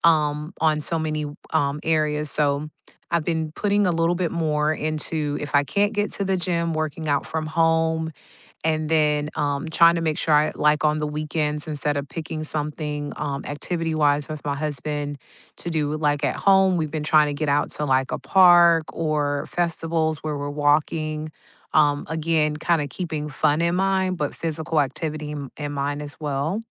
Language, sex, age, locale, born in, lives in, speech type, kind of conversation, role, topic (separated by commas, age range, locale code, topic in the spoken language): English, female, 40-44, en-US, United States, United States, conversation, unstructured, none, Which rituals or traditions matter most to you these days, and how do they help you feel connected?